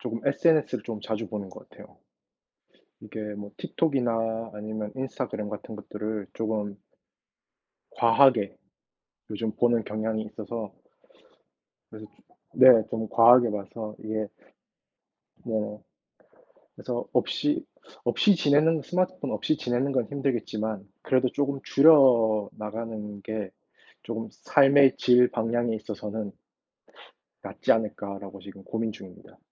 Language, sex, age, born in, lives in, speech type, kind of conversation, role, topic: Korean, male, 25-29, South Korea, United States, conversation, unstructured, none, 일주일 동안 스마트폰 없이 지내면 어떤 변화가 생길까요?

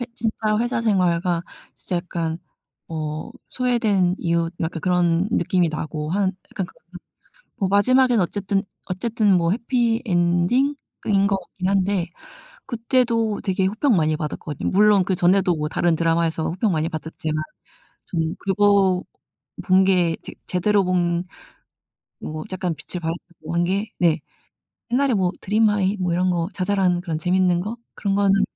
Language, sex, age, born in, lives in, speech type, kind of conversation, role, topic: Korean, female, 30-34, South Korea, United States, conversation, unstructured, none, 요즘 유행하는 드라마 중에 추천할 만한 게 있나요?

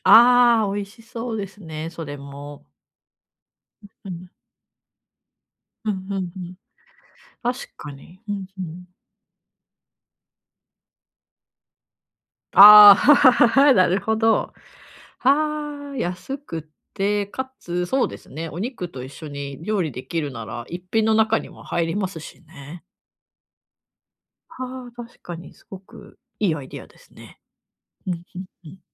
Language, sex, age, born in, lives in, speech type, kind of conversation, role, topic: Japanese, female, 35-39, Japan, Japan, conversation, advice, user, 予算内で栄養のある食事をとるにはどうすればよいですか？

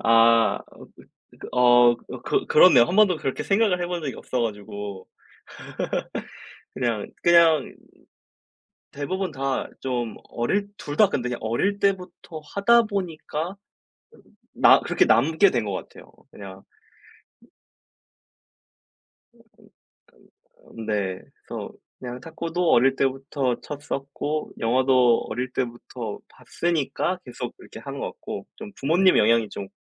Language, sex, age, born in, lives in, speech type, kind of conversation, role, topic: Korean, male, 20-24, South Korea, South Korea, conversation, unstructured, none, 요즘 가장 재미있게 본 영화는 무엇인가요?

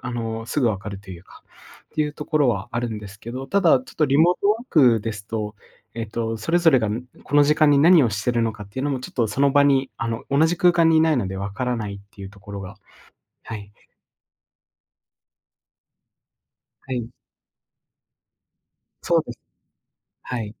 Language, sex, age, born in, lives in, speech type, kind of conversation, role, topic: Japanese, male, 25-29, Japan, Japan, conversation, podcast, guest, リモートワークを効率よく進めるために、どんな工夫をしていますか？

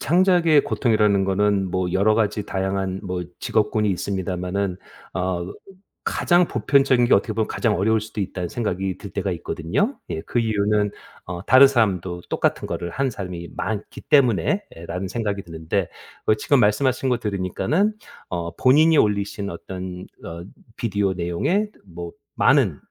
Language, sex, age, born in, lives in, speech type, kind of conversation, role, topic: Korean, male, 50-54, South Korea, United States, conversation, podcast, host, 창작 아이디어는 보통 어디서 얻으시나요?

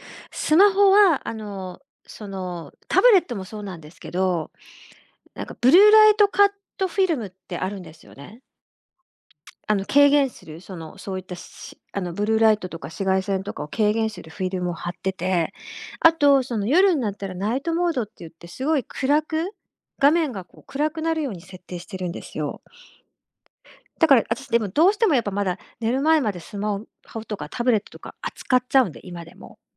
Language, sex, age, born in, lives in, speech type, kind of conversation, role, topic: Japanese, female, 50-54, Japan, Japan, conversation, podcast, guest, 睡眠の質を上げるために、どんな工夫をしていますか？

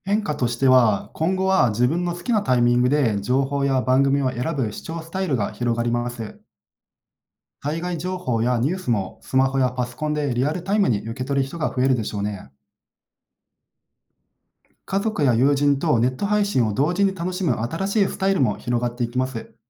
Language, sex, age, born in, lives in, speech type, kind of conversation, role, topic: Japanese, male, 30-34, Japan, Japan, read, expert-assistant, expert, テレビ放送とネット配信の違いは何ですか？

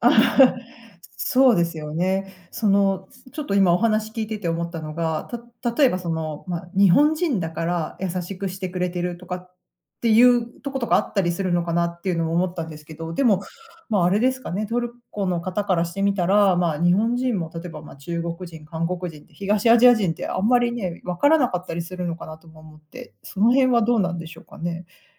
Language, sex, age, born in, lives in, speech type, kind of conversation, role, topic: Japanese, female, 40-44, Japan, United States, conversation, podcast, host, 旅先で出会った親切な人の話を聞かせてもらえますか？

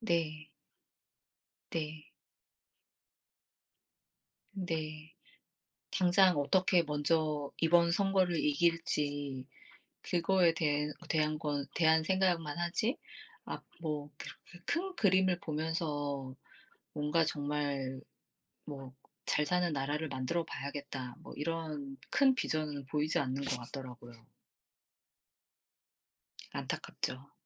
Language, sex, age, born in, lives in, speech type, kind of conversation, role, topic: Korean, female, 40-44, South Korea, United States, conversation, unstructured, none, 요즘 사회 문제 중에서 가장 중요하다고 생각하는 것은 무엇인가요?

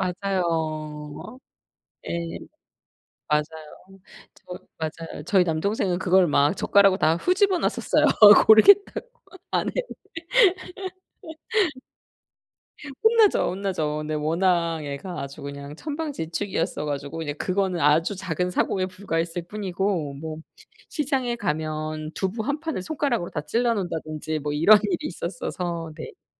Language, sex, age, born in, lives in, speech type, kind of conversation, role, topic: Korean, female, 40-44, South Korea, South Korea, conversation, podcast, host, 할머니·할아버지의 손맛이 떠오르는 음식이 있나요?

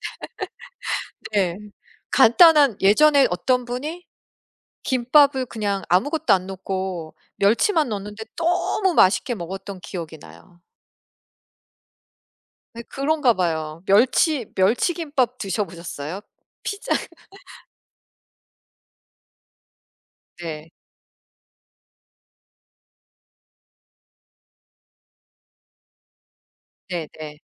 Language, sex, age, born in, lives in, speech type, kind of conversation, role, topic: Korean, female, 45-49, United States, United States, conversation, unstructured, none, 피자와 햄버거 중에서 어떤 음식을 더 좋아하시나요?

